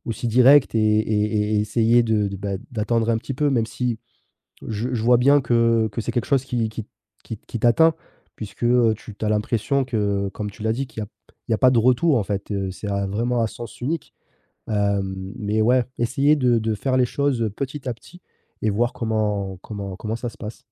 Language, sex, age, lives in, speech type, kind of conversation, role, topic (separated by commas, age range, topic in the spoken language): French, male, 30-34, France, conversation, advice, advisor, Comment décider de mettre fin à une amitié toxique ?